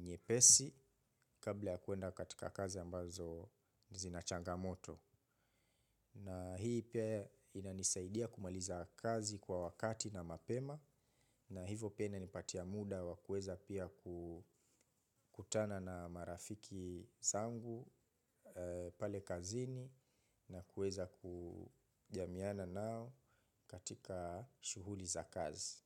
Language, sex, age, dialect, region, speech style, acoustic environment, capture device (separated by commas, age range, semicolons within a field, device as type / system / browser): Swahili, male, 25-34, Kenya - Mombasa, Kenya, relaxed, nature, mobile / Linux / Chrome